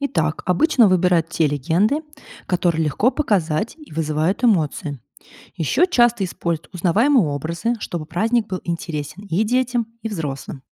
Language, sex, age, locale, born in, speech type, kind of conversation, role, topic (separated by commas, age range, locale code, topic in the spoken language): Russian, female, 30-34, ru-RU, Russia, read, expert-assistant, expert, Как скалы и камни становятся частью местных традиций и поверий?